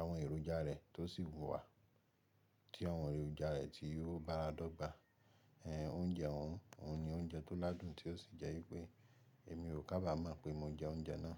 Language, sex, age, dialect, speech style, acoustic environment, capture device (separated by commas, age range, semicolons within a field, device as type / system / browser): Yoruba, male, 25-34, Nigeria - Ibadan, relaxed; excited, nature, mobile / Linux / Chrome